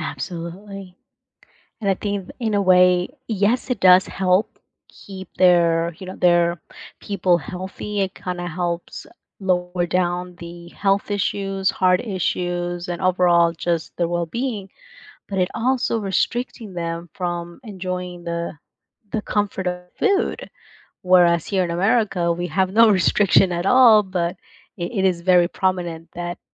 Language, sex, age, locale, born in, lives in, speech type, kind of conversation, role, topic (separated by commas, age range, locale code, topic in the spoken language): English, female, 30-34, en-US, United States, United States, conversation, unstructured, none, What is something you learned from another culture that changed your perspective?